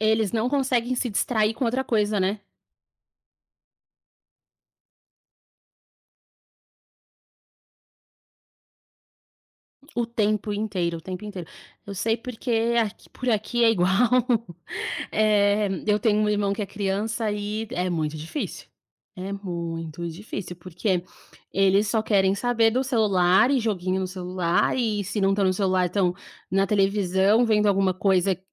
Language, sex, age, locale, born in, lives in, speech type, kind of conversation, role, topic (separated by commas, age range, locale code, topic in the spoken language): Portuguese, female, 30-34, pt-BR, Brazil, Portugal, conversation, podcast, host, Como vocês gerenciam o tempo de tela e o uso da tecnologia?